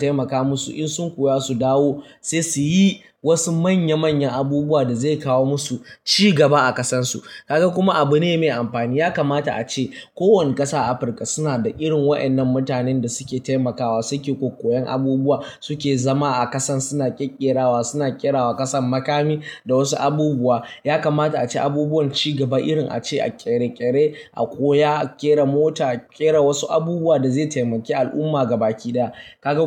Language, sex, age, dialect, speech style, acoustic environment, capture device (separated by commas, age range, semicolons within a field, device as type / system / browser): Hausa, male, 18-24, Nigeria - Kano, happy, silence, mobile / Linux / Chrome